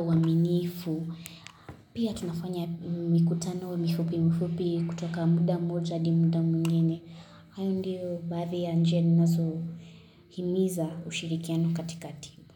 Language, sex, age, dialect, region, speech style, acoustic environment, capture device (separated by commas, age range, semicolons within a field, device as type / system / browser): Swahili, female, 18-24, Kenya - Kitui, Kenya, relaxed; focused; excited; happy, construction, mobile / Linux / Chrome